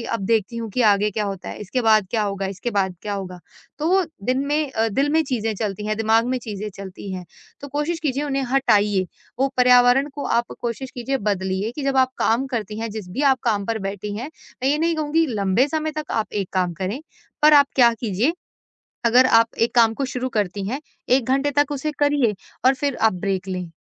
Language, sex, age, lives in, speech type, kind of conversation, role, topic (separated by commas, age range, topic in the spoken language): Hindi, female, 25-29, India, conversation, advice, advisor, मैं अपनी दैनिक दिनचर्या में बदलाव करके पुराने पैटर्न कैसे तोड़ सकती/सकता हूँ?